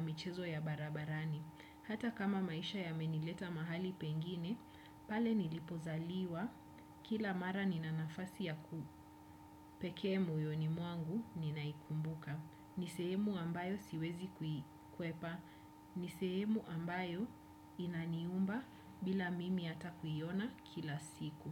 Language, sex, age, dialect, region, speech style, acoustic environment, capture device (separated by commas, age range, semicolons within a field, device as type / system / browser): Swahili, female, 25-34, Kenya - Nairobi Sheng-influenced, Kenya, happy, nature, desktop / Windows / Chrome